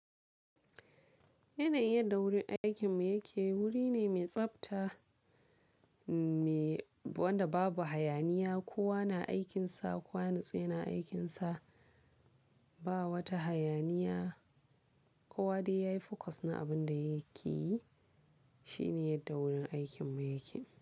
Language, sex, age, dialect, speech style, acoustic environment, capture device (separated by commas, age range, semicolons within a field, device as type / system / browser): Hausa, female, 18-24, Nigeria - Kano, focused, silence; people talking, mobile / Linux / Chrome